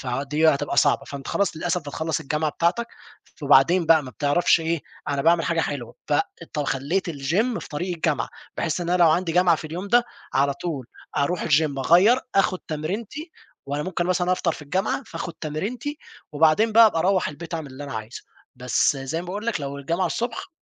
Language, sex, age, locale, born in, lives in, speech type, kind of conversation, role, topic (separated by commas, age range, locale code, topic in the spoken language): Arabic, male, 20-24, ar-EG, Egypt, Egypt, conversation, podcast, guest, إزاي بتنظّم وقتك عشان تبقى منتج في هواياتك؟